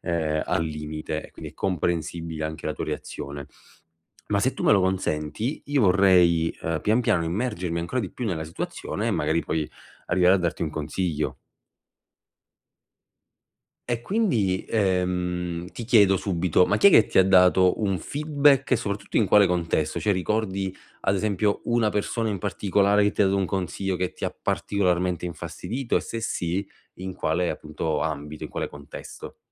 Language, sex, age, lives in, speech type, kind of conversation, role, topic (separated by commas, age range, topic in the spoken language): Italian, male, 25-29, Italy, conversation, advice, advisor, Come posso capire se un commento è personale o un consiglio utile?